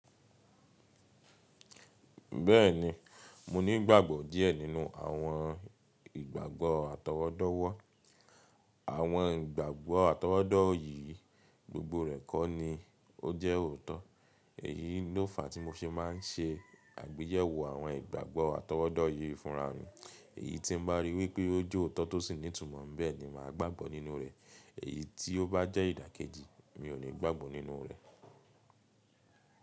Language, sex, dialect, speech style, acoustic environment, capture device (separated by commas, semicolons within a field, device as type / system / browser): Yoruba, male, Nigeria - Nigerian Standard, relaxed, silence, mobile / Linux / Chrome